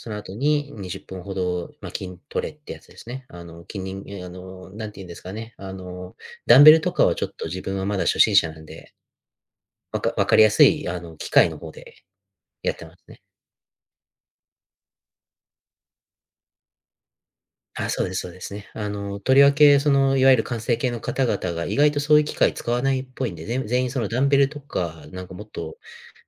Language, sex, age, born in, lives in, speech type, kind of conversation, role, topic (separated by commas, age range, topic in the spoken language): Japanese, male, 30-34, United States, United States, conversation, advice, user, ジムで周りと比べて自信が持てず不安になってしまうのはなぜですか？